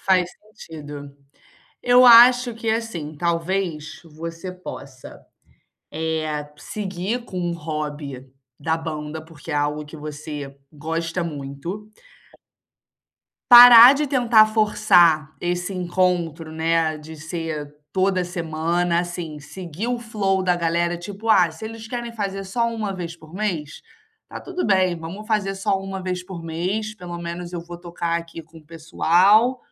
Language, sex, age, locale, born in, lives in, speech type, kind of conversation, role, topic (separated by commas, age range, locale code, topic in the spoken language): Portuguese, female, 25-29, pt-BR, Brazil, Spain, conversation, advice, advisor, Como posso começar um novo hobby quando estou sem motivação?